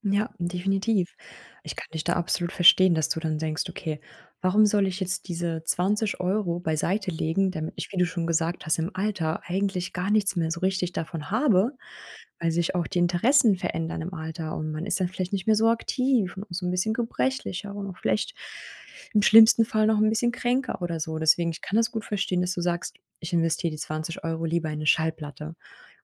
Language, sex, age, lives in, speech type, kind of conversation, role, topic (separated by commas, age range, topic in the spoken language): German, female, 30-34, Germany, conversation, advice, advisor, Warum fällt es mir so schwer, Impulskäufe zu vermeiden?